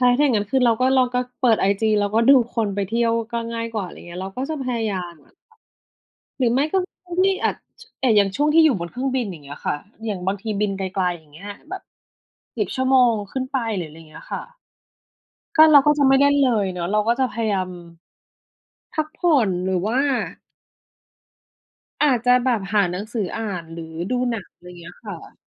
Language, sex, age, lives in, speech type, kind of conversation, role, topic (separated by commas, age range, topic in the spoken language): Thai, female, 40-44, Thailand, conversation, unstructured, none, การใช้สื่อสังคมออนไลน์ส่งผลต่ออารมณ์ของคุณอย่างไร?